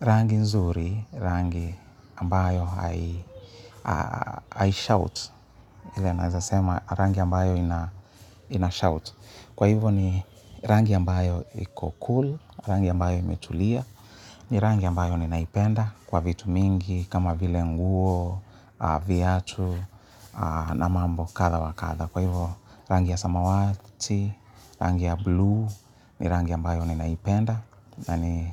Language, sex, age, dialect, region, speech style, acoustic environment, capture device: Swahili, male, 35-44, Kenya - Nairobi Sheng-influenced, Kenya, happy; relaxed; excited; nervous, people talking; appliances, mobile / Linux / Chrome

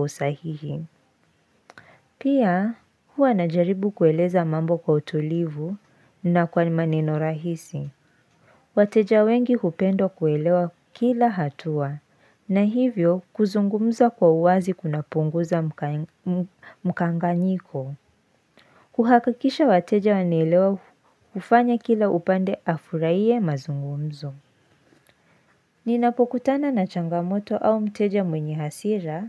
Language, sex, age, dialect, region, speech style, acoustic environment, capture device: Swahili, female, 18-24, Kenya - Nairobi Sheng-influenced, Kenya, focused, silence, mobile / Linux / Chrome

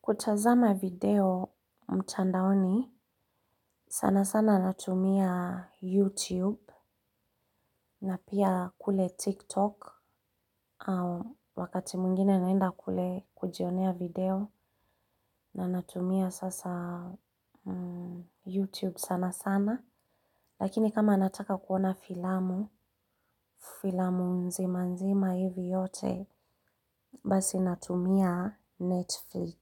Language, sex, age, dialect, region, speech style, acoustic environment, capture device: Swahili, female, 35-44, Kenya - Nairobi Sheng-influenced, Kenya, excited, silence, mobile / Linux / Chrome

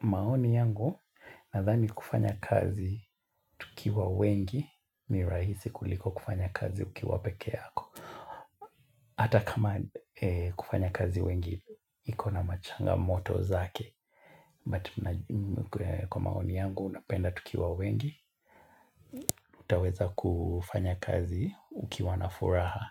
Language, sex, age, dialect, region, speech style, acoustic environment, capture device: Swahili, male, 25-34, Kenya - Mombasa, Kenya, tired, nature, mobile / Linux / Chrome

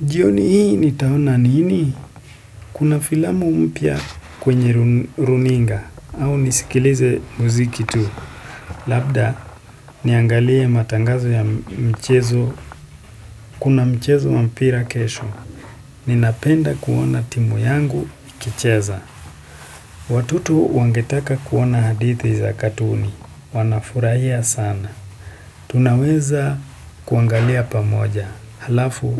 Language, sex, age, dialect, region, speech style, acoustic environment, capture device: Swahili, male, 18-24, Kenya - Nairobi Sheng-influenced, Kenya, relaxed; anxious; excited, silence, desktop / Windows / Chrome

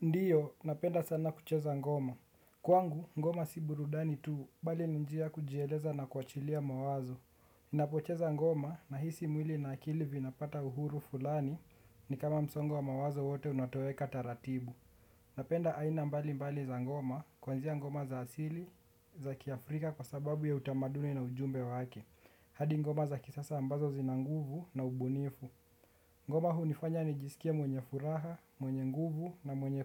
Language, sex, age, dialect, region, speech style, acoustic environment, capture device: Swahili, male, 18-24, Kenya - Nairobi Sheng-influenced, Kenya, relaxed, nature, desktop / Windows / Chrome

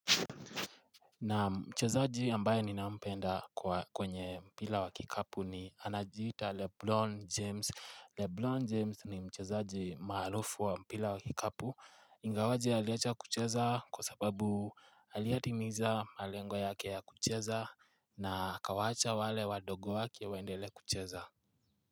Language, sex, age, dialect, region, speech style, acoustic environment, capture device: Swahili, male, 18-24, Kenya - Nairobi Sheng-influenced, Kenya, relaxed, silence, mobile / Linux / Chrome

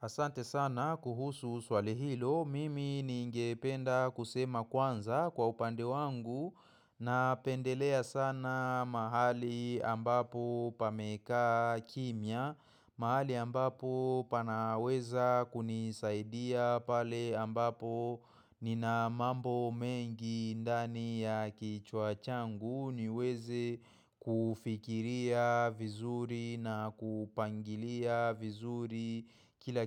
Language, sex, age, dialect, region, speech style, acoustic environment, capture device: Swahili, male, 25-34, Kenya - Nairobi Sheng-influenced, Kenya, focused, silence, mobile / macOS / Safari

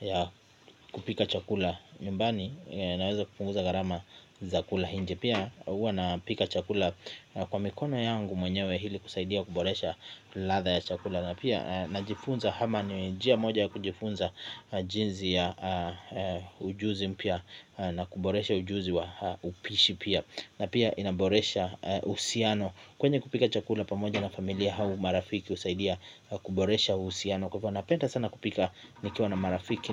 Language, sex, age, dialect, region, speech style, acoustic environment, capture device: Swahili, male, 25-34, Kenya - Mombasa, Kenya, happy, silence, mobile / Linux / Chrome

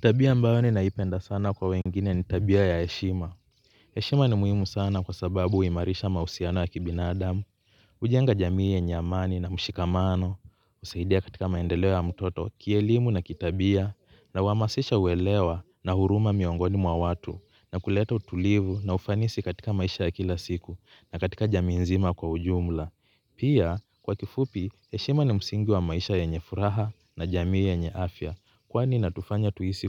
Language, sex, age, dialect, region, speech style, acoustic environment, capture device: Swahili, male, 25-34, Kenya - Mombasa, Kenya, relaxed; focused, people talking; nature, mobile / Linux / Chrome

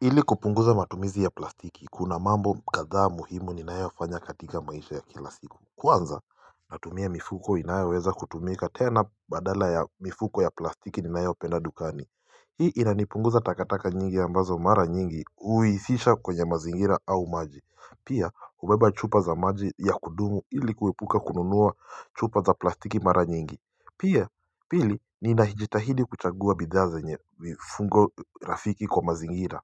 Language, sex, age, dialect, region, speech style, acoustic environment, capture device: Swahili, male, 18-24, Kenya - Mombasa, Kenya, relaxed, people talking, mobile / Linux / Chrome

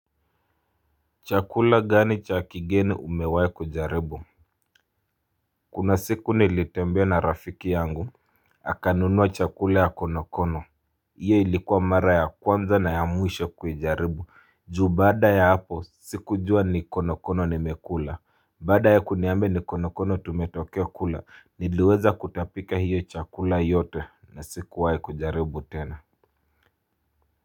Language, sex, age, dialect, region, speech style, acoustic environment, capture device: Swahili, male, 25-34, Kenya - Mombasa, Kenya, happy, people talking, mobile / Linux / Chrome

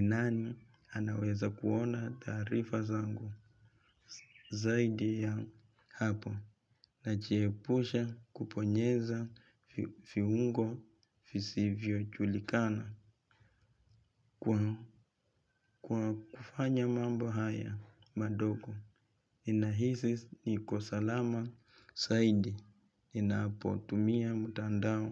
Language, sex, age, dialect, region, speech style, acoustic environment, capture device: Swahili, male, 25-34, Kenya - Nairobi Sheng-influenced, Kenya, relaxed, silence; nature, mobile / Linux / Chrome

